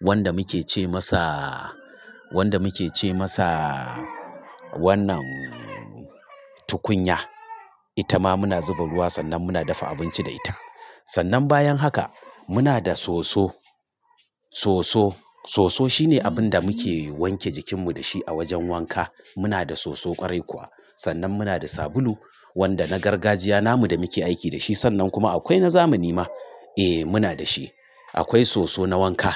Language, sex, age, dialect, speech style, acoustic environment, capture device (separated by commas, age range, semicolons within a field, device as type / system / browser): Hausa, male, 45-54, Nigeria - Kano, nervous; excited; stressed; focused; relaxed; tired; anxious; happy, traffic; construction; appliances; silence; nature; music; people talking, mobile / Linux / Chrome